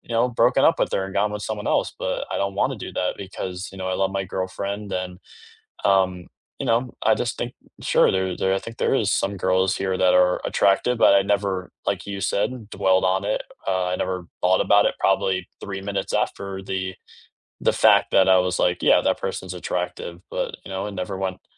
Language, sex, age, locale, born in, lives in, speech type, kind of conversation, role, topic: English, male, 18-19, en-US, United States, United States, conversation, unstructured, none, Is it normal to feel attracted to other people while you’re in a relationship?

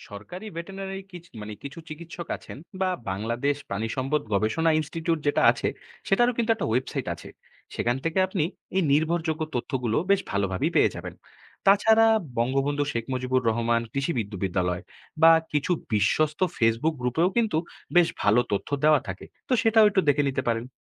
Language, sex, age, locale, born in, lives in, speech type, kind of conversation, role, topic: Bengali, male, 20-24, bn-BD, Bangladesh, Bangladesh, read, expert-assistant, expert, পোষা প্রাণীদের আচরণ নিয়ে জানার জন্য সবচেয়ে নির্ভরযোগ্য তথ্য কোথায় পাওয়া যায়?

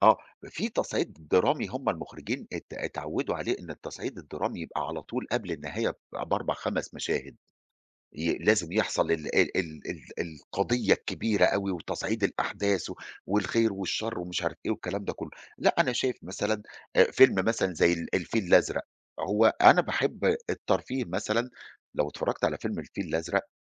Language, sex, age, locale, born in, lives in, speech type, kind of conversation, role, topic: Arabic, male, 40-44, ar-EG, Egypt, Egypt, conversation, podcast, guest, إزاي بتوازن بين الترفيه والرسالة في الفيلم؟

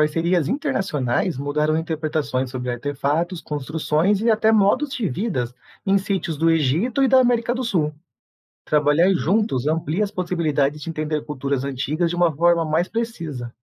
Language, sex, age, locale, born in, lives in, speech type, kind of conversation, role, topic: Portuguese, male, 35-39, pt-BR, Brazil, Portugal, read, expert-assistant, expert, O que os enterros antigos podem contar sobre o jeito de viver e de pensar das sociedades antigas?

